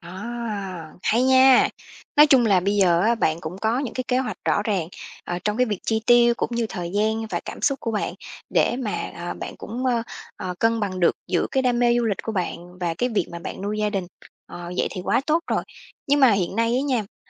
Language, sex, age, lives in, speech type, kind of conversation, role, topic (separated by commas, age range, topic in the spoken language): Vietnamese, female, 30-34, Vietnam, conversation, podcast, host, Bạn chọn ưu tiên đam mê hay trách nhiệm nuôi sống gia đình như thế nào?